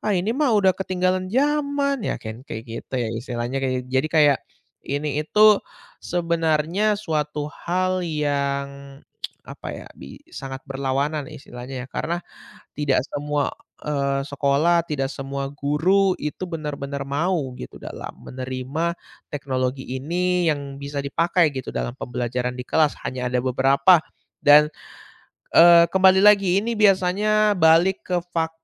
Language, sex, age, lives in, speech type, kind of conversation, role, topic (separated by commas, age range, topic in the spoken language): Indonesian, male, 20-24, Indonesia, conversation, podcast, guest, Bagaimana sebaiknya teknologi digunakan dalam pembelajaran di kelas?